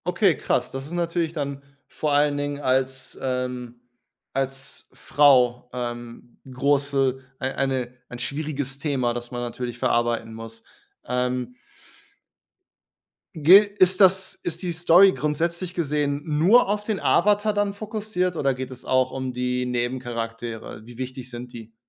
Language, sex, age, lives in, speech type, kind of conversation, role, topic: German, male, 30-34, Germany, conversation, podcast, host, Wie erlebst du Nostalgie, wenn du alte Serien oder Filme wieder anschaust?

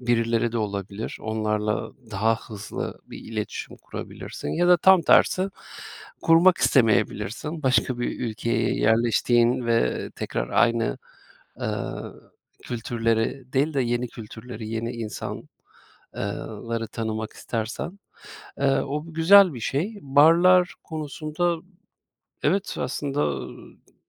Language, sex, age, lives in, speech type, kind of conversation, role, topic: Turkish, male, 40-44, Austria, conversation, advice, advisor, Taşındıktan sonra yeni arkadaşlar edinmekte neden zorlanıyorsun?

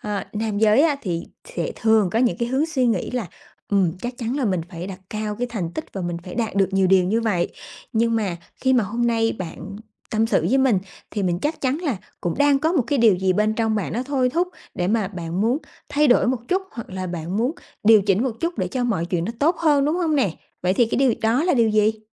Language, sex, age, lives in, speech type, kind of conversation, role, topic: Vietnamese, female, 30-34, Vietnam, conversation, advice, advisor, Làm sao để không lấy thành tích làm thước đo giá trị bản thân?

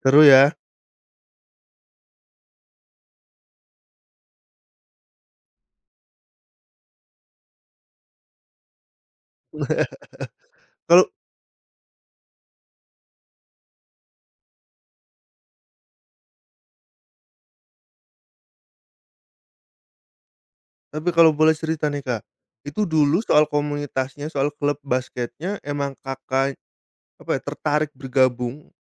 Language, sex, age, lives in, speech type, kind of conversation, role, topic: Indonesian, male, 30-34, Indonesia, conversation, podcast, host, Apa peran teman atau komunitas dalam membantu kita mempertahankan rutinitas?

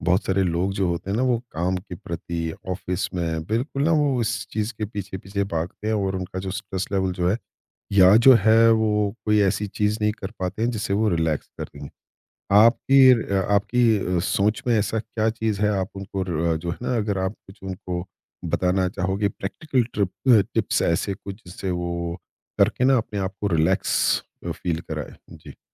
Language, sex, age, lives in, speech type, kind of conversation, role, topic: Hindi, male, 50-54, India, conversation, podcast, host, Kya cheez tumhe sabse zyada aaraam deti hai?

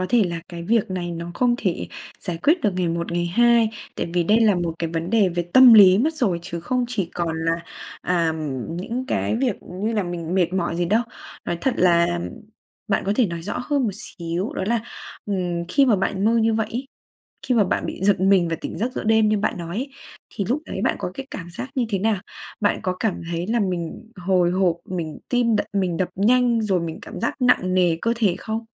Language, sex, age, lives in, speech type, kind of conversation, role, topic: Vietnamese, female, 20-24, Vietnam, conversation, advice, advisor, Tôi thường xuyên bị ác mộng đến mức sợ đi ngủ, tôi nên làm gì?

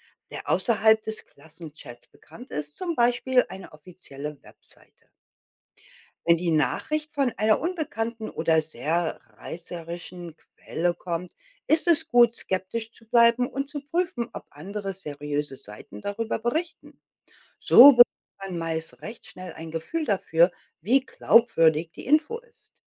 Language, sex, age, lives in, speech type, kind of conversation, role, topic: German, female, 55-59, United States, read, expert-assistant, expert, Wie kann man Falschmeldungen in digitalen Nachrichten erkennen und Kindern verständlich erklären?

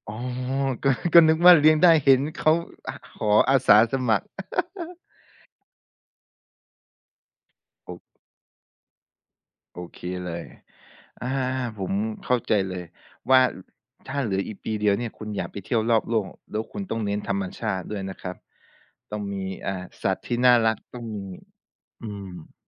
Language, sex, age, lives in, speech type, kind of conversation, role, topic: Thai, male, 25-29, Thailand, conversation, podcast, host, ถ้าคุณรู้ว่าคุณมีเวลาเหลืออีกแค่หนึ่งปี คุณจะเลือกทำอะไรเป็นอย่างแรก?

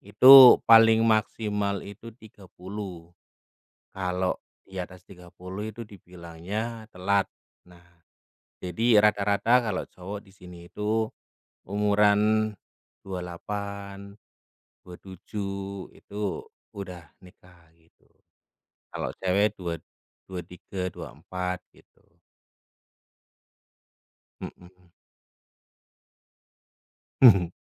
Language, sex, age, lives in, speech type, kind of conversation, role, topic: Indonesian, male, 30-34, Indonesia, conversation, unstructured, none, Bagaimana kamu menentukan tujuan hidup yang ingin kamu capai?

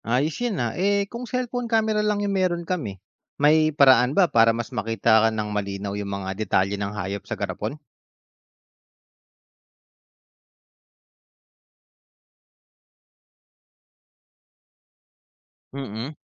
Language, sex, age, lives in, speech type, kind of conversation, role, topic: Filipino, male, 30-34, Philippines, read, expert-assistant, asker, Anong mga simpleng kasangkapan ang puwedeng gamitin para mas maintindihan ang buhay sa ilalim ng dagat?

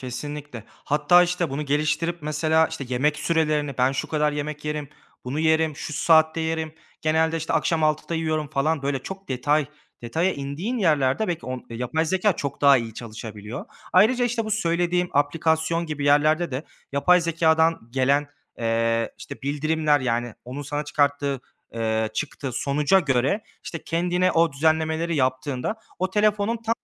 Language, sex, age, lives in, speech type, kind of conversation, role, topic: Turkish, male, 25-29, Germany, conversation, advice, advisor, Boş zamanlarımı verimli ve eğlenceli bir şekilde nasıl değerlendirebilirim?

